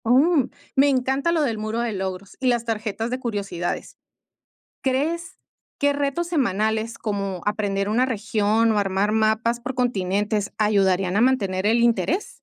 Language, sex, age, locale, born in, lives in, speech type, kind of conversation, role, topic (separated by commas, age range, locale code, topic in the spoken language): Spanish, female, 50-54, es-MX, Mexico, Mexico, read, expert-assistant, asker, ¿Qué técnicas puedo usar para recordar rápidamente la ubicación y nombre de los países y sus capitales en el mapa político mundial?